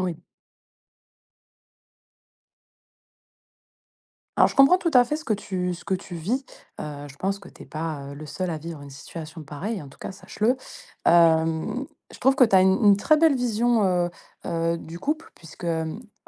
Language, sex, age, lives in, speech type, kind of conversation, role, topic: French, female, 30-34, France, conversation, advice, advisor, Comment aborder une répartition inégale des tâches ménagères au sein du couple ?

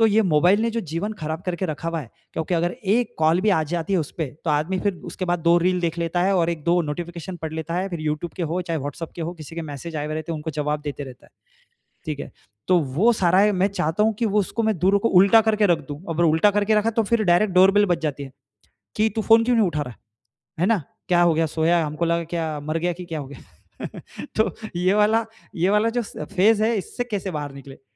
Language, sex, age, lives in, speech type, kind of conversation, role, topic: Hindi, male, 35-39, India, conversation, advice, user, फ़ोन और दूसरी चीज़ों से ध्यान भटकने के कारण मनोरंजन के समय में बाधा क्यों होती है?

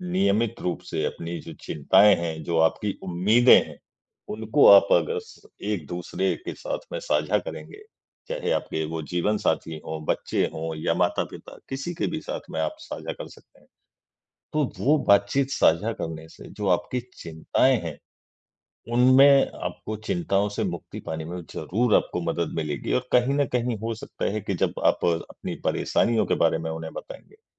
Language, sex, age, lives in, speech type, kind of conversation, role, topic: Hindi, male, 35-39, India, conversation, advice, advisor, स्वतंत्रता और प्रतिबद्धता के बीच संतुलन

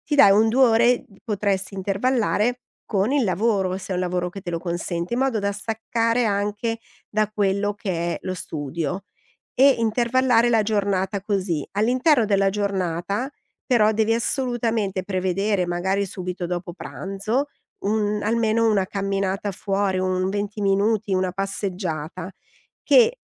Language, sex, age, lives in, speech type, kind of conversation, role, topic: Italian, female, 50-54, Italy, conversation, advice, advisor, Come posso scegliere l’obiettivo giusto quando ho troppe priorità in conflitto?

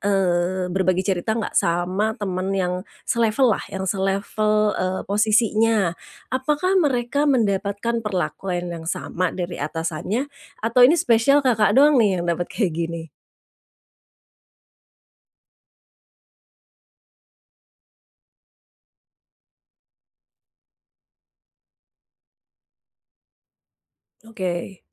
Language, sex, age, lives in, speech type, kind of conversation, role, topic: Indonesian, female, 45-49, Indonesia, conversation, advice, advisor, Mengapa saya merasa bersalah saat meluangkan waktu untuk bersantai sendiri?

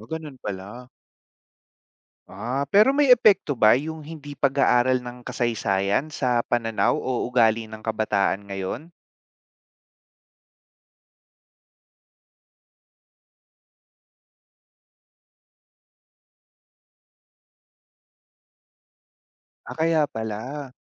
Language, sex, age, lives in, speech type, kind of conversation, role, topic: Filipino, male, 30-34, Philippines, read, expert-assistant, asker, Bakit mahalaga ang pag-aaral ng kasaysayan sa kabataan?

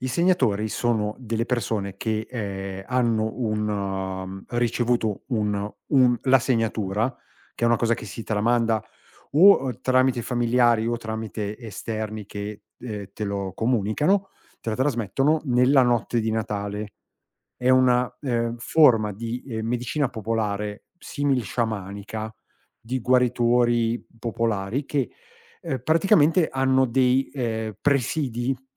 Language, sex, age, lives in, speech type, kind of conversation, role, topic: Italian, male, 50-54, Italy, conversation, podcast, guest, Quali sono le tradizioni della tua famiglia?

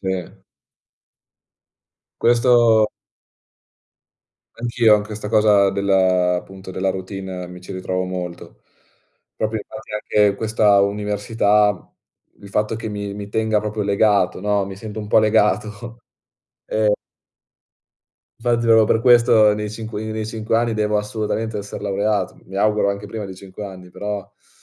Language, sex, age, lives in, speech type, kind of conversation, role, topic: Italian, male, 20-24, Italy, conversation, unstructured, none, Come immagini la tua vita tra cinque anni?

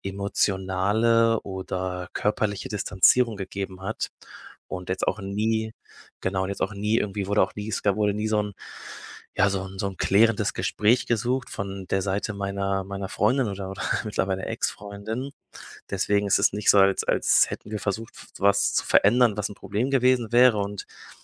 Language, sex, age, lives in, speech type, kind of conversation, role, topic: German, male, 25-29, Germany, conversation, advice, user, Wie kann ich meine Angst überwinden, nach einer Trennung wieder eine neue Beziehung einzugehen?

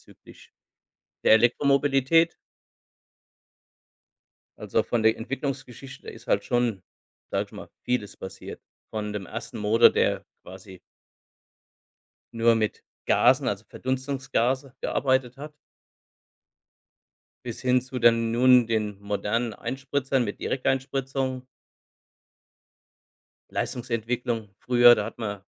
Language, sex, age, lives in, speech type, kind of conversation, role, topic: German, male, 45-49, Germany, conversation, unstructured, none, Was findest du an neuen Erfindungen am spannendsten?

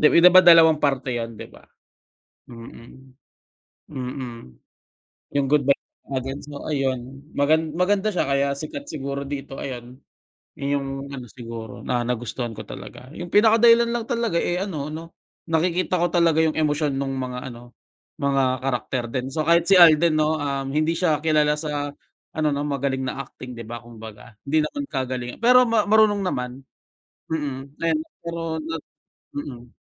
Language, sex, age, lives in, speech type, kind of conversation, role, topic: Filipino, male, 25-29, Philippines, conversation, unstructured, none, Ano ang opinyon mo tungkol sa mga lokal na pelikula kumpara sa mga banyaga?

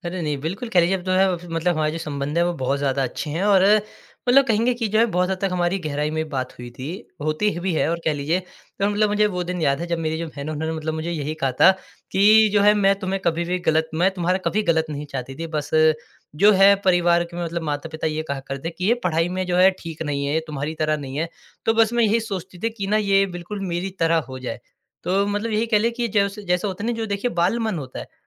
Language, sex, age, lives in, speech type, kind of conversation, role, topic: Hindi, male, 20-24, India, conversation, podcast, guest, आपने कौन-सी ऐसी गलती की थी, जिससे आपने बहुत कुछ सीखा?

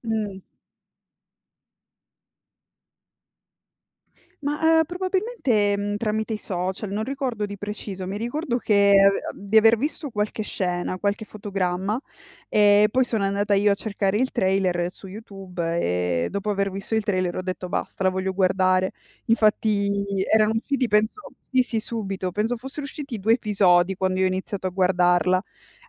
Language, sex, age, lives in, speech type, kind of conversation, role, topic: Italian, female, 25-29, Italy, conversation, podcast, guest, Quale serie TV ti ha fatto restare sveglio fino a tardi?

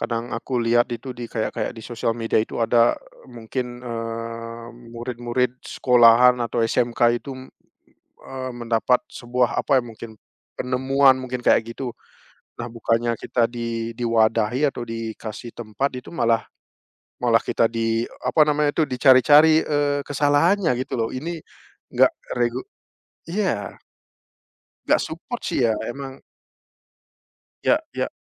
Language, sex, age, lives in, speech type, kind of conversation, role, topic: Indonesian, male, 30-34, Netherlands, conversation, unstructured, none, Apakah teknologi membuat dunia menjadi lebih adil, atau justru sebaliknya?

